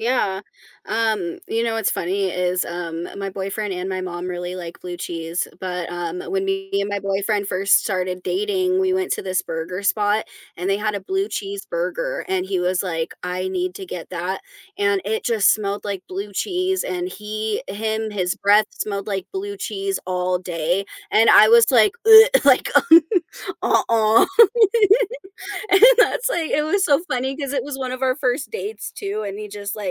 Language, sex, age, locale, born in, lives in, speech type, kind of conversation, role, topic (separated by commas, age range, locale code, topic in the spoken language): English, female, 25-29, en-US, United States, United States, conversation, podcast, host, How do our backgrounds and experiences shape what foods bring us comfort?